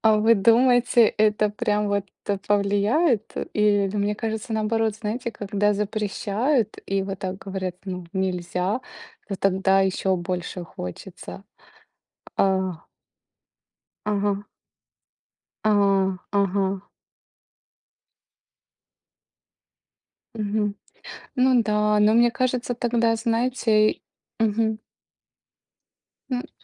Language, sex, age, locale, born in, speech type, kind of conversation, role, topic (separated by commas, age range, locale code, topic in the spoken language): Russian, female, 35-39, ru-RU, Ukraine, conversation, unstructured, none, Почему так трудно заставить человека отказаться от вредных привычек?